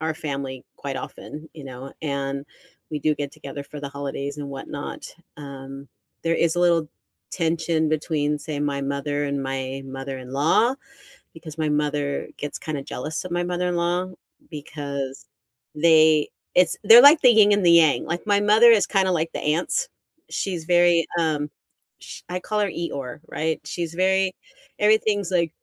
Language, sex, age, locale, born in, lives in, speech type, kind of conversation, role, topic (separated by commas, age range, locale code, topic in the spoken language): English, female, 55-59, en-US, United States, United States, conversation, unstructured, none, How should I handle family disagreements during the holidays?